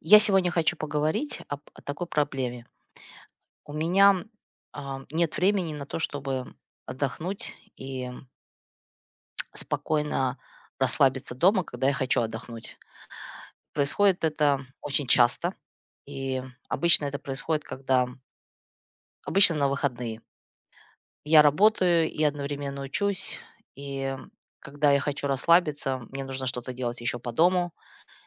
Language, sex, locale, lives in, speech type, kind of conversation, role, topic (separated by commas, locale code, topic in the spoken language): Russian, female, ru-RU, United States, conversation, advice, user, Почему я раздражаюсь и тревожусь, когда пытаюсь отдохнуть дома?